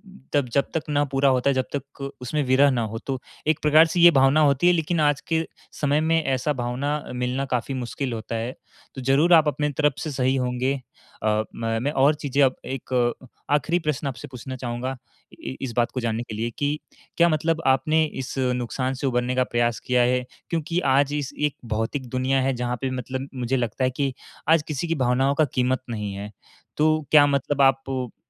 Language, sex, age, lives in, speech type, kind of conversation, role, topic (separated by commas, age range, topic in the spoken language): Hindi, male, 18-19, India, conversation, advice, advisor, टूटे रिश्ते को स्वीकार कर आगे कैसे बढ़ूँ?